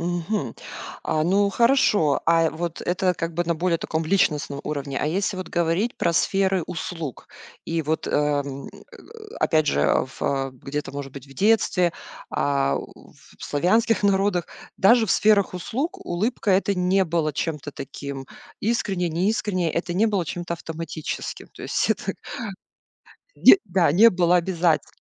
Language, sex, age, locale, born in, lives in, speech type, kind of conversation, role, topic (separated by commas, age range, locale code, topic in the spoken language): Russian, female, 40-44, ru-RU, Russia, United States, conversation, podcast, host, Как отличить искреннюю улыбку от вежливой?